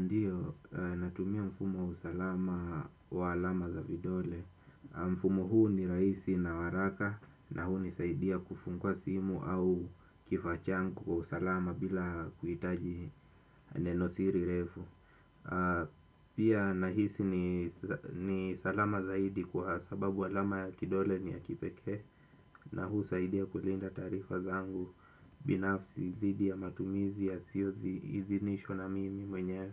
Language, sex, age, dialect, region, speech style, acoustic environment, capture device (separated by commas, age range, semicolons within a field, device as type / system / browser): Swahili, male, 25-34, Kenya - Mombasa, Kenya, focused, silence, desktop / Windows / Chrome